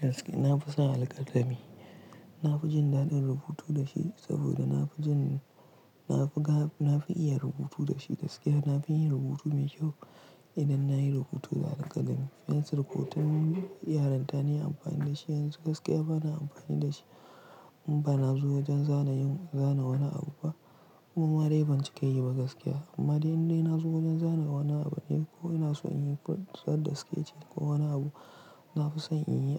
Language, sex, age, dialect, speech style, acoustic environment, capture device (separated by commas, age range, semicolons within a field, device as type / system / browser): Hausa, male, 18-24, Nigeria - Pidgin-influenced, tired; relaxed; stressed; focused, silence; nature, mobile / Linux / Chrome